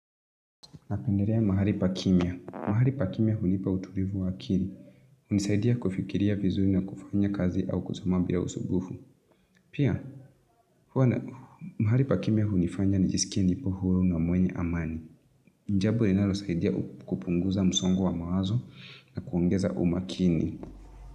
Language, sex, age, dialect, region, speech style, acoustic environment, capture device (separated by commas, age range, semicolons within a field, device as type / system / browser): Swahili, female, 25-34, Kenya - Nairobi Sheng-influenced, Kenya, excited, nature, mobile / Linux / Chrome